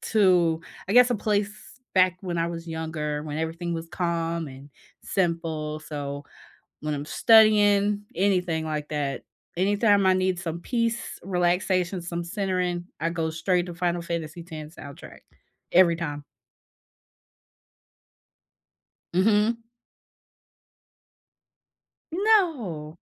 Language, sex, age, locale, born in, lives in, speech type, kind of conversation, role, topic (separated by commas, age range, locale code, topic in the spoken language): English, female, 35-39, en-US, United States, United States, conversation, unstructured, none, Which movie, game, or TV soundtracks do you loop for focus or relaxation, and why?